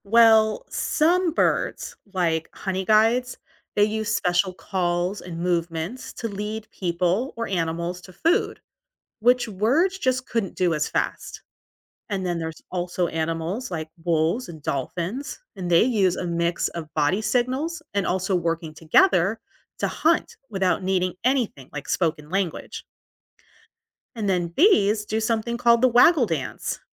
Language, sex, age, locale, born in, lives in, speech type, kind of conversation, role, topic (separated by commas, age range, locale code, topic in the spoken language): English, female, 40-44, en-US, United States, United States, read, expert-assistant, expert, What can we learn from the way animals communicate with each other?